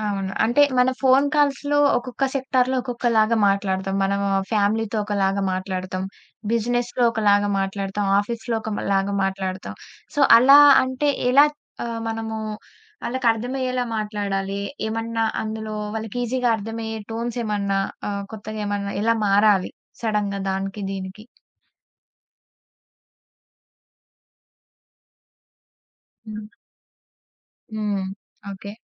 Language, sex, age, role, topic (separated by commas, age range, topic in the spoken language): Telugu, female, 20-24, host, ఫోన్‌ కాల్‌లలో మంచి స్వరంతో మాట్లాడడానికి మీరు ఏమి సూచిస్తారు?